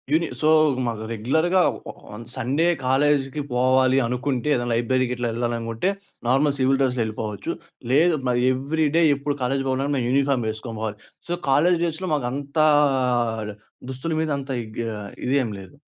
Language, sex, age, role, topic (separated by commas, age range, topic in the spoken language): Telugu, male, 20-24, guest, మీ దుస్తులు లేదా ఆభరణాలు మీ గురించి ఏదైనా కథ చెబుతున్నాయా?